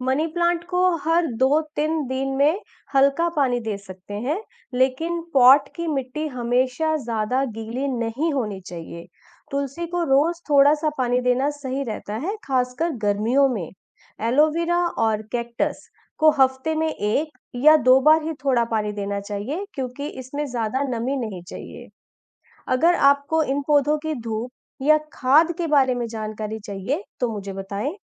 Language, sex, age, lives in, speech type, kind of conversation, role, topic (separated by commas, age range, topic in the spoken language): Hindi, female, 35-39, India, read, expert-assistant, expert, पौधों को नियमित रूप से पानी देना क्यों जरूरी है?